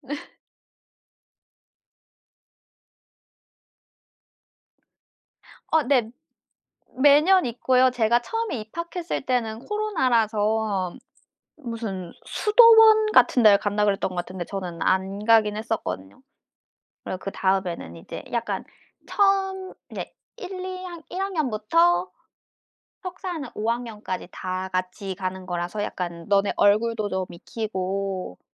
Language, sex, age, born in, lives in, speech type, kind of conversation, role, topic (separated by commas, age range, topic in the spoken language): Korean, female, 25-29, South Korea, France, conversation, unstructured, none, 숲속에서 가장 특별하다고 느꼈던 순간은 언제였나요?